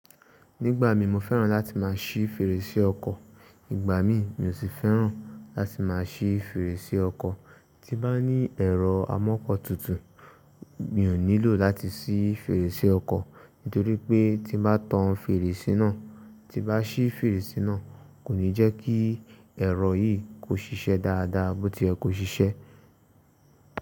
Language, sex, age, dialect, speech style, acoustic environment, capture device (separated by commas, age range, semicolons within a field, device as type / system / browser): Yoruba, male, 18-24, Nigeria - Ibadan, relaxed, silence; nature, mobile / Linux / Chrome